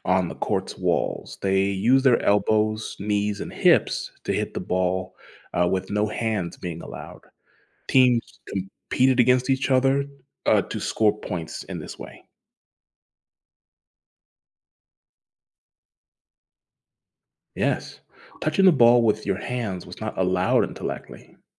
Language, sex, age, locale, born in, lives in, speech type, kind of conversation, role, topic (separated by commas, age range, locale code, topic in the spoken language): English, male, 30-34, en-US, United States, United States, read, expert-assistant, expert, What was the first sport ever played with a ball?